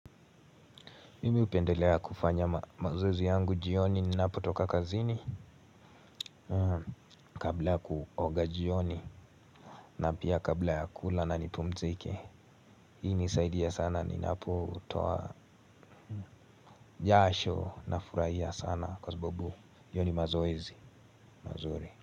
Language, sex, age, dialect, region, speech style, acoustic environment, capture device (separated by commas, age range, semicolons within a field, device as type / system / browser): Swahili, male, 18-24, Kenya - Nairobi Sheng-influenced, Kenya, happy; relaxed; focused, silence; nature, mobile / Linux / Chrome